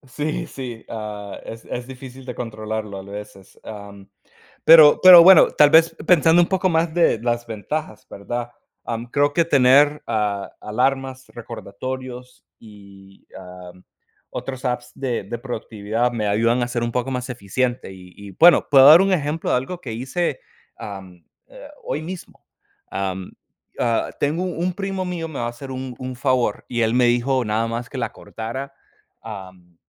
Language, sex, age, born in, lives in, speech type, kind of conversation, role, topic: Spanish, male, 30-34, United States, United States, conversation, unstructured, none, ¿Cómo han afectado los teléfonos inteligentes a tu vida diaria?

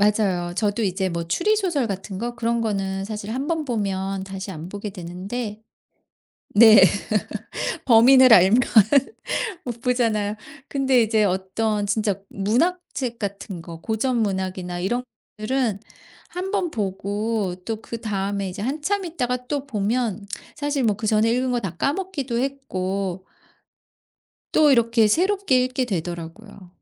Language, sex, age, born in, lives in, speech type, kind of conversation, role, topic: Korean, female, 50-54, South Korea, United States, conversation, podcast, guest, 주말에는 집에서 주로 무엇을 하시나요?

